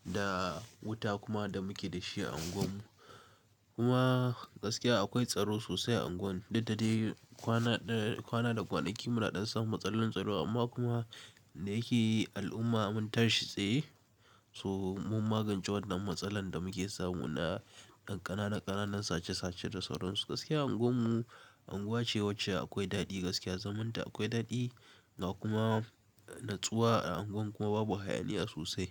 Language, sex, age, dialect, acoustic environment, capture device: Hausa, male, 18-24, Nigeria - Kano, appliances; nature, mobile / Linux / Chrome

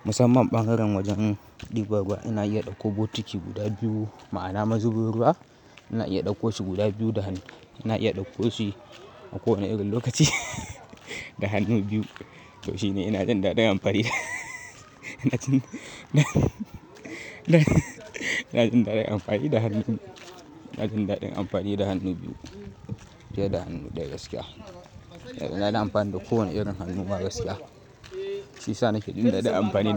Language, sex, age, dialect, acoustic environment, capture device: Hausa, male, 18-24, Nigeria - Kano, nature, mobile / Linux / Chrome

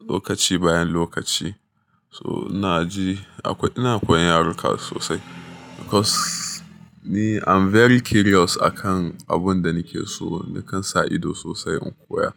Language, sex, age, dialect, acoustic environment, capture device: Hausa, male, 25-34, Nigeria - Nigerian Standard, traffic, mobile / Linux / Chrome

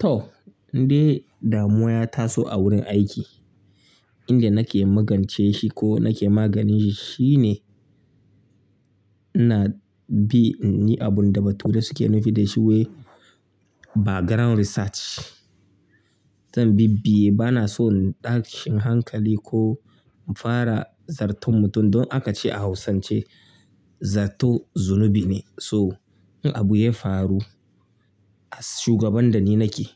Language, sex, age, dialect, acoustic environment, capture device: Hausa, male, 25-34, Nigeria - Kano, silence, mobile / Linux / Chrome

